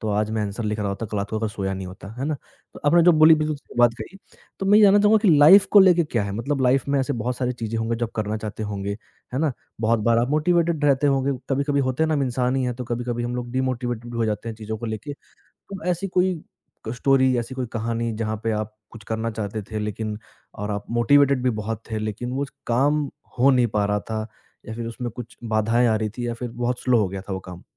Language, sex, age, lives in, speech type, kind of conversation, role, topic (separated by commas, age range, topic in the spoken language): Hindi, male, 20-24, India, conversation, podcast, host, Jab progress bahut dheemi ho, tum khud ko motivated kaise rakhte ho?